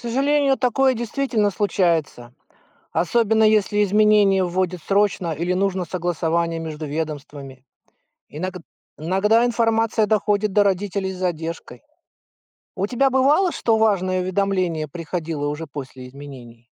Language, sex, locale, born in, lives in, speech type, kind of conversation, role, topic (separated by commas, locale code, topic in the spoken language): Russian, male, ru-RU, Russia, United States, read, expert-assistant, expert, Как мамам проверять достоверность информации и новостей в чатах?